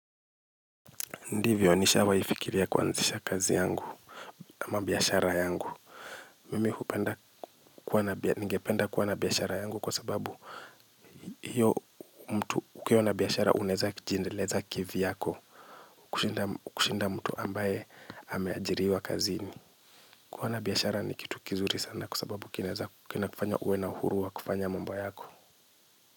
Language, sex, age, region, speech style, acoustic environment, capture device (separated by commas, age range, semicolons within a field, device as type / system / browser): Swahili, male, 25-34, Kenya, relaxed, silence, mobile / Linux / Chrome